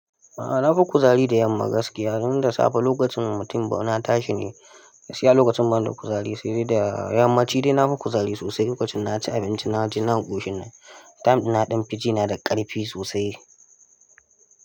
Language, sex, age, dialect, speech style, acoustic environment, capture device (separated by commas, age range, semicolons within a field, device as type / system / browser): Hausa, male, 18-24, Nigeria - Kano, happy, nature, mobile / Linux / Chrome